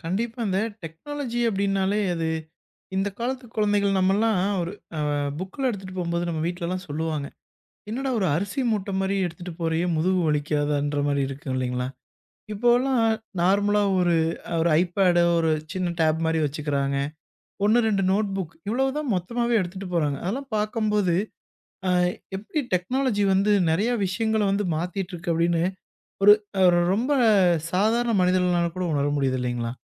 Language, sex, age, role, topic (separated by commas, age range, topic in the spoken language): Tamil, male, 25-29, guest, எதிர்காலத்தில் தொழில்நுட்பத்தின் மூலம் குழந்தைகளின் கல்வி எவ்வாறு மாறும்?